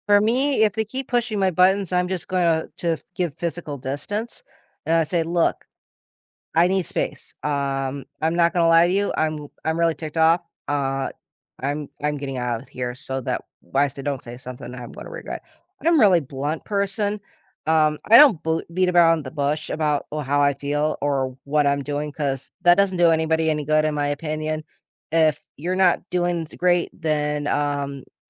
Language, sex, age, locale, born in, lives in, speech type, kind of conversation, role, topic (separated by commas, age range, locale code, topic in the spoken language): English, female, 35-39, en-US, United States, United States, conversation, unstructured, none, What’s the best way to handle disagreements with friends?